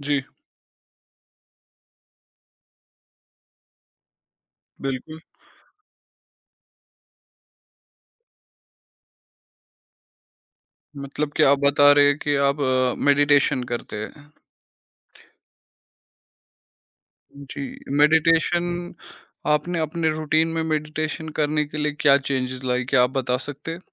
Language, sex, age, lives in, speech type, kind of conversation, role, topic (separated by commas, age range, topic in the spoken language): Hindi, male, 25-29, India, conversation, podcast, host, Aap healthy aadaton ko mazedaar kaise banate ho, taaki woh boring na lage?